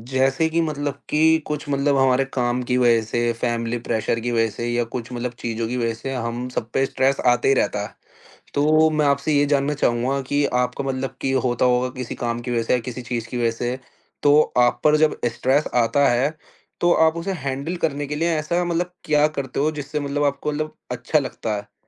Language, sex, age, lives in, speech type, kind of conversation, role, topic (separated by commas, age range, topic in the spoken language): Hindi, male, 20-24, India, conversation, podcast, host, Stress ko sambhalne ke liye aapki roz ki pasandeeda aadat kya hai?